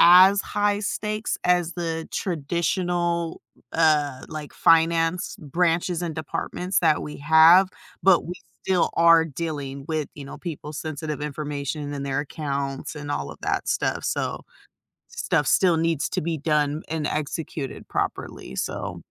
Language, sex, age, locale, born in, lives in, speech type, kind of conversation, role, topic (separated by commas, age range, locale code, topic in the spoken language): English, female, 30-34, en-US, United States, United States, conversation, advice, user, How can I set new goals after completing a major accomplishment?